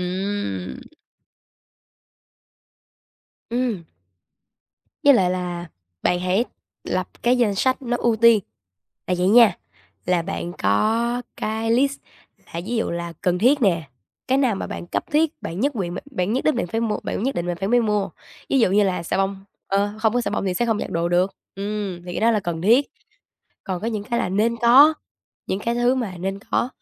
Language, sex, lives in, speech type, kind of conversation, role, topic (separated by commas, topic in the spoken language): Vietnamese, female, Vietnam, conversation, advice, advisor, Bạn có thường mua sắm vì sợ bỏ lỡ các chương trình khuyến mãi không?